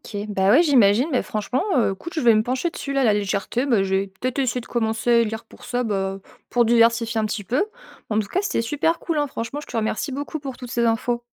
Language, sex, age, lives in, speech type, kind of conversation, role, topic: French, female, 25-29, France, read, expert-assistant, asker, Si on ne pouvait lire qu’un seul auteur contemporain pour le reste de sa vie, comment choisirait-on et pourquoi ?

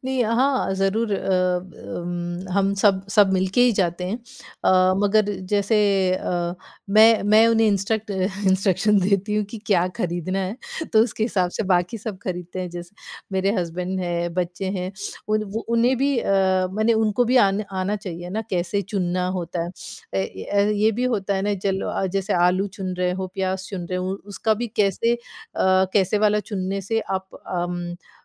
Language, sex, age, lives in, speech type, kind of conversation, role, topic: Hindi, female, 40-44, United States, conversation, podcast, guest, बजट में अच्छा खाना कैसे तैयार करते हो?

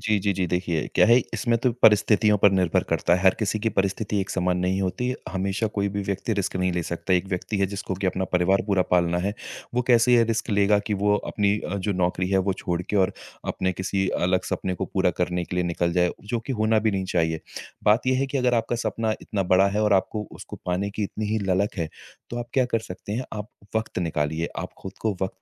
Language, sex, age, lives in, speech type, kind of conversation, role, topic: Hindi, male, 30-34, India, conversation, podcast, guest, क्या केवल जीने लायक नौकरी को भी सफलता माना जा सकता है?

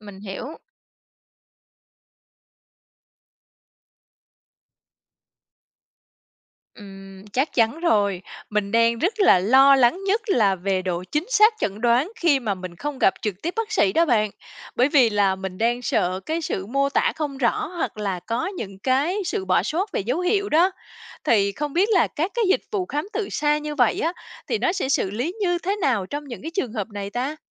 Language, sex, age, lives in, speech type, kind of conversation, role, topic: Vietnamese, female, 35-39, Vietnam, read, expert-assistant, asker, Làm thế nào để rút ngắn thời gian chờ khám và cải thiện trải nghiệm tại bệnh viện?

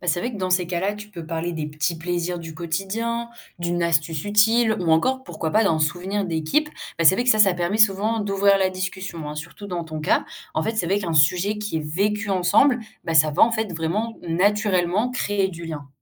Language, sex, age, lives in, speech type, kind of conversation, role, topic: French, female, 18-19, France, read, expert-assistant, expert, Comment surmonter le manque de moyens ou de ressources dans un service public sans se décourager ?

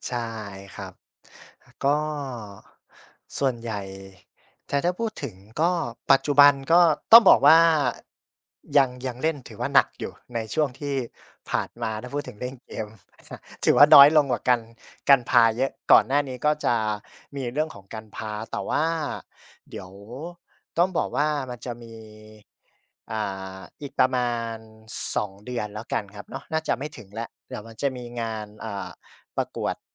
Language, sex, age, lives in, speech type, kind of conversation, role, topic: Thai, male, 25-29, Thailand, conversation, unstructured, none, คุณชอบทำกิจกรรมอะไรในเวลาว่างมากที่สุด?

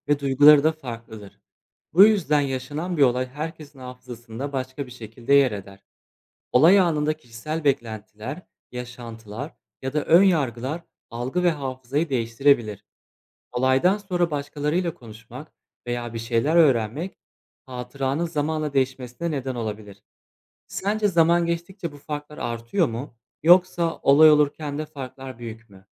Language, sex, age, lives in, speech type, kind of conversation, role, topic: Turkish, male, 25-29, Poland, read, expert-assistant, expert, İnsanlar neden geçmişi hatırlar?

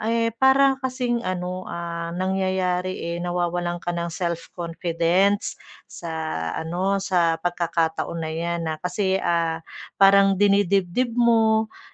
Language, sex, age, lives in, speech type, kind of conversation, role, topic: Filipino, female, 40-44, Philippines, conversation, advice, advisor, Paano ko maihihiwalay ang emosyon sa katotohanan kapag tumatanggap ako ng puna?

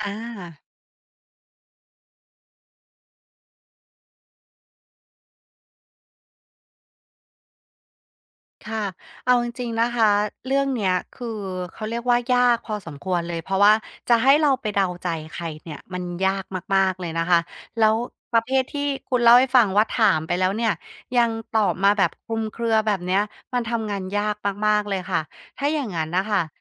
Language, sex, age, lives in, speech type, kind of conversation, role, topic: Thai, female, 35-39, Thailand, conversation, advice, advisor, ฉันควรทำอย่างไรเมื่อหมดแรงจูงใจกับงานที่ต้องทำต่อเนื่องเป็นเวลานาน?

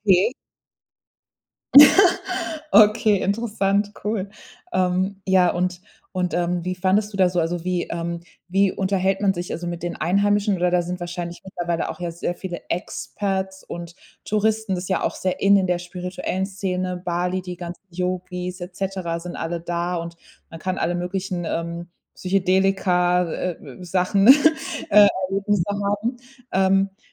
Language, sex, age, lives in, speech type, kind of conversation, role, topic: German, female, 30-34, Germany, conversation, podcast, host, Welche Reise hat dich wirklich verändert?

